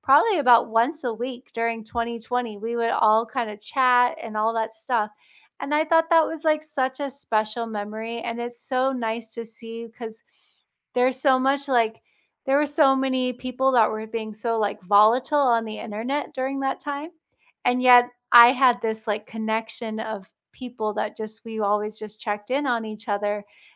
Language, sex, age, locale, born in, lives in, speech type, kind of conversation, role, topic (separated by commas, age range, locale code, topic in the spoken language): English, female, 35-39, en-US, United States, United States, conversation, unstructured, none, What do you think makes a friendship last over the years?